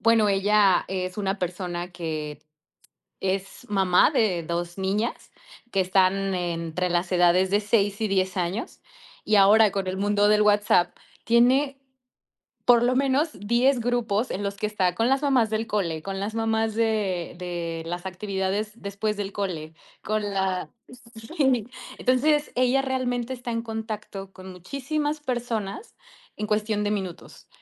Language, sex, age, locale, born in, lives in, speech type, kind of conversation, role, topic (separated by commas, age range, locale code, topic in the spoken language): Spanish, female, 30-34, es-MX, Mexico, Mexico, conversation, podcast, guest, ¿Cómo te llega la inspiración para crear algo nuevo?